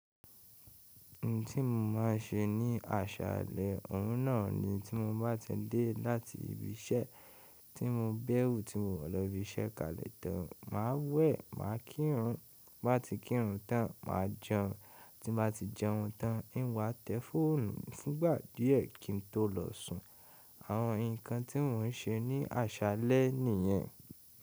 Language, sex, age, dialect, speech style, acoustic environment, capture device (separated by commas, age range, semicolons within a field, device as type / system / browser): Yoruba, male, 18-24, Nigeria - Ibadan, happy, people talking; appliances, mobile / Linux / Chrome